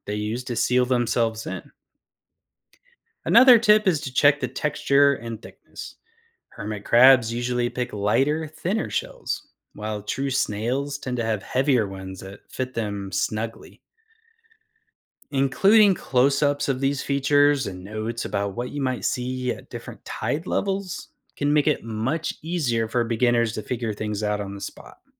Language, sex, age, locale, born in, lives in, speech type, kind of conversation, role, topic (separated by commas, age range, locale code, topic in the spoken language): English, male, 35-39, en-US, United States, United States, read, expert-assistant, expert, How can a citizen science marine monitoring project be started?